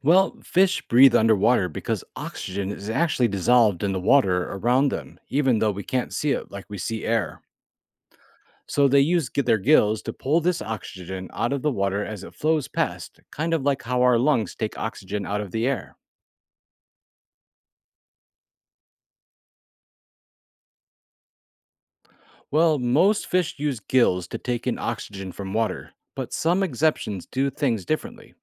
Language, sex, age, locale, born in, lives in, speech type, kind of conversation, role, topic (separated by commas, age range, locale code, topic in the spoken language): English, male, 40-44, en-US, United States, United States, read, expert-assistant, expert, How do fish get the oxygen they need to survive in water?